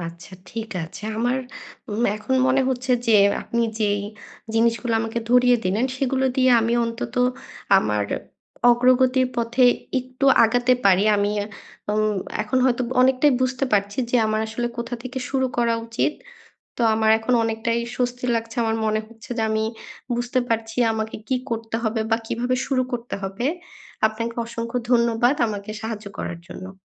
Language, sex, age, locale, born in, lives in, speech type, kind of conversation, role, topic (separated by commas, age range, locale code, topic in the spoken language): Bengali, female, 25-29, bn-BD, Bangladesh, Bangladesh, conversation, advice, user, আমি আগ্রহ হারাচ্ছি—আমার পছন্দের কাজগুলোতে আবার আগ্রহ কীভাবে ফিরিয়ে আনতে পারি?